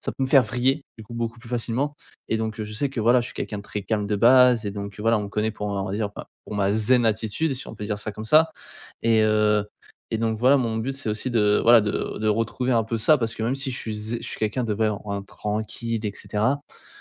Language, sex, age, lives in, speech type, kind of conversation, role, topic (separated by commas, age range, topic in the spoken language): French, male, 20-24, France, conversation, advice, user, Comment puis-je m’organiser pour garder des horaires de sommeil réguliers ?